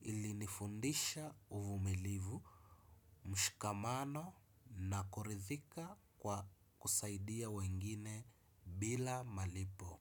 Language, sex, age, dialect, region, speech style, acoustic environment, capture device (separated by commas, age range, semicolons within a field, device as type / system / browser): Swahili, male, 25-34, Kenya - Mombasa, Kenya, excited, nature, desktop / Windows / Chrome